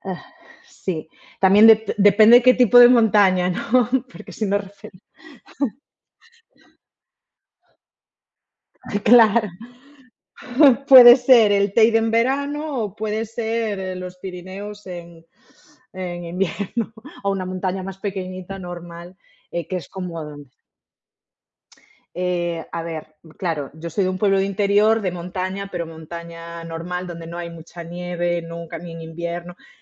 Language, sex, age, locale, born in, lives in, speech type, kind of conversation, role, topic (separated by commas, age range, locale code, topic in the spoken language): Spanish, female, 30-34, es-ES, Spain, Portugal, conversation, unstructured, none, ¿Te gusta más el mar o la montaña?